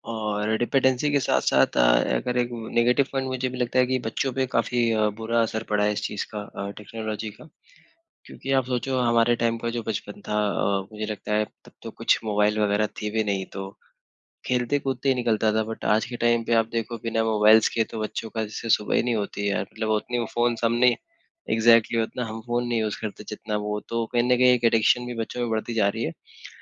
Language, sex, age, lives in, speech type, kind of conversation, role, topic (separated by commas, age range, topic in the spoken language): Hindi, male, 20-24, India, conversation, unstructured, none, तकनीक आपके दिन को बेहतर बनाने में कैसे मदद करती है?